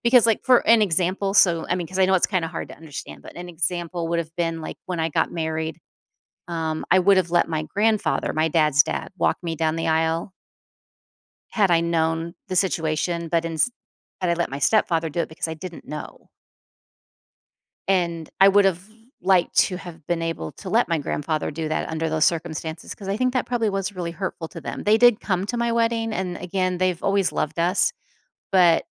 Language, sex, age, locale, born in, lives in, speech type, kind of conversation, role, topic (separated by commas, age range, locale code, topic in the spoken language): English, female, 50-54, en-US, United States, United States, conversation, advice, user, How can I handle disagreements with family without damaging our relationship?